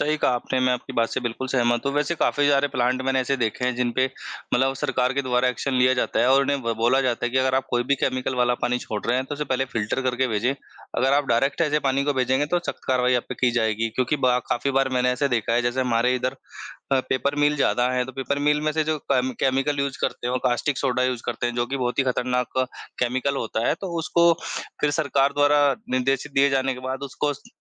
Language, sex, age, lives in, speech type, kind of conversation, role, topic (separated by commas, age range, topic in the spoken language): Hindi, male, 25-29, India, conversation, unstructured, none, जल संरक्षण आजकल इतना जरूरी क्यों हो गया है?